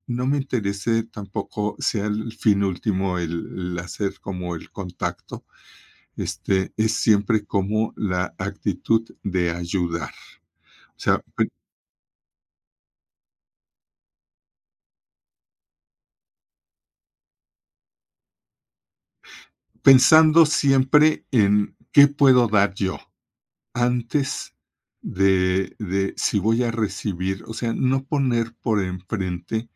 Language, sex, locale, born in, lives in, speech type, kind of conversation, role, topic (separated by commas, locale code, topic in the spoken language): Spanish, male, es-MX, Mexico, Mexico, conversation, podcast, guest, ¿Cómo construyes una buena red de contactos?